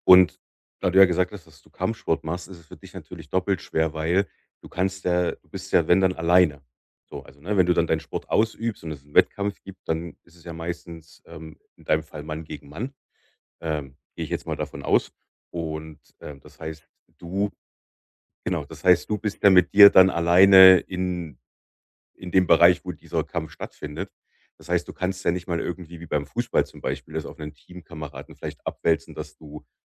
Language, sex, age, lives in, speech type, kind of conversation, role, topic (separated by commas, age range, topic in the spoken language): German, male, 35-39, Germany, conversation, advice, advisor, Wie kann ich aufhören, mich ständig selbst zu kritisieren und an mir zu zweifeln?